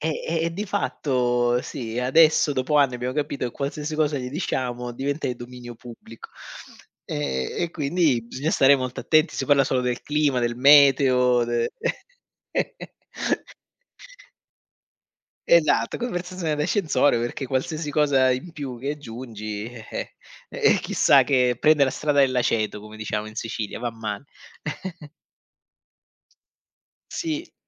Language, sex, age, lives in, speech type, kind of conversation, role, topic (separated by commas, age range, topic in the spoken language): Italian, male, 40-44, Germany, conversation, unstructured, none, Come gestisci un disaccordo che ti fa arrabbiare molto?